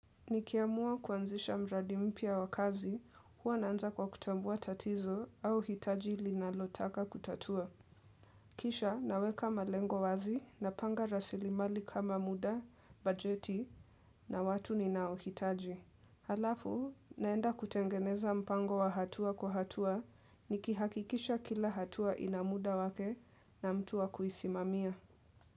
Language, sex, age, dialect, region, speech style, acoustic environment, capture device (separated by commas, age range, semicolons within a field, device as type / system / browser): Swahili, female, 18-24, Kenya - Nairobi Sheng-influenced, Kenya, relaxed, nature, desktop / Windows / Edge